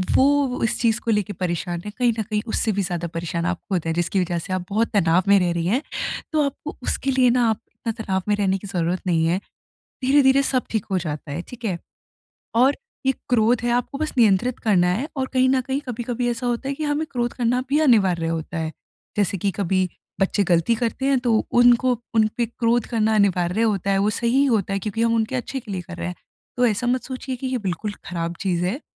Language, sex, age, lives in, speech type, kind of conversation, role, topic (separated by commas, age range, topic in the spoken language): Hindi, female, 20-24, India, conversation, advice, advisor, मैं क्रोध के क्षणों में तर्क-वितर्क को शांत कैसे करूँ?